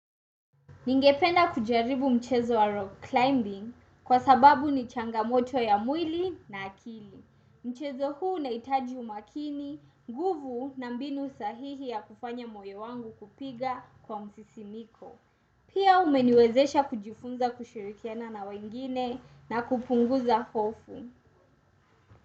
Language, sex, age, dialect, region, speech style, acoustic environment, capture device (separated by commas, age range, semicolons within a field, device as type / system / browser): Swahili, female, 18-24, Kenya - Mombasa, Kenya, relaxed, silence, desktop / Windows / Chrome